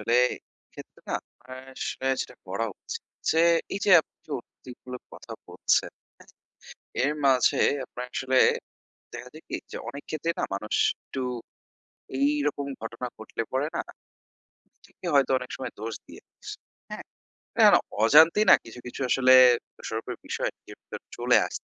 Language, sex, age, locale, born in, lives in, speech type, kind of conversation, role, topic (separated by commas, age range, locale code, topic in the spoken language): Bengali, male, 25-29, bn-BD, Bangladesh, Bangladesh, conversation, advice, advisor, আমি কীভাবে ঘনিষ্ঠ সম্পর্ক হারানোর শোক সামলাতে পারি?